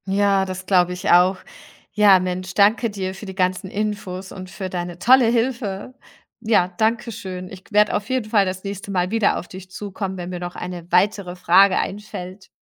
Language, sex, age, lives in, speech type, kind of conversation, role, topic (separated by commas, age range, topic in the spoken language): German, female, 40-44, Germany, read, expert-assistant, asker, Welche Chancen und Risiken bringt es mit sich, wenn Länder stärker miteinander handeln?